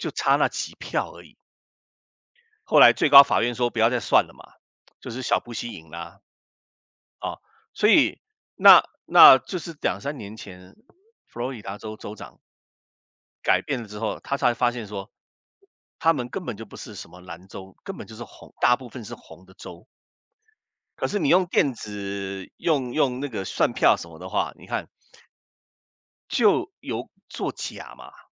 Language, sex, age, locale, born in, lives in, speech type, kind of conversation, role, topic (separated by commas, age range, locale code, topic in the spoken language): Chinese, male, 55-59, zh-CN, Taiwan, United States, conversation, unstructured, none, 你怎么看待选举的公平性？